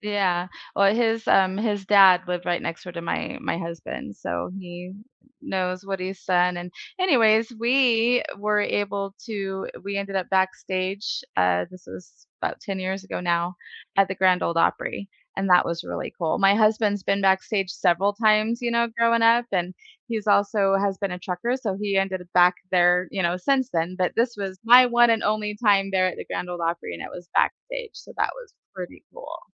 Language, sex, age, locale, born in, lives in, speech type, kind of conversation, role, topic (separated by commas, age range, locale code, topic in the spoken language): English, female, 45-49, en-US, United States, United States, conversation, unstructured, none, What was your most unforgettable live concert experience, who were you with, and what made it special to you?